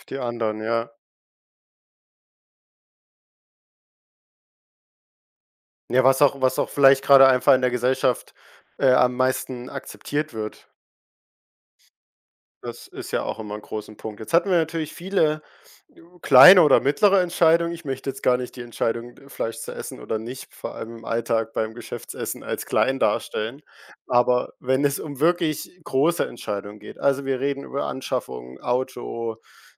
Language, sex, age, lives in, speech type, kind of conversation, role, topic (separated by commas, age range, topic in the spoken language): German, male, 18-19, Germany, conversation, podcast, host, Wie bleibst du dir treu, wenn es schwierig wird?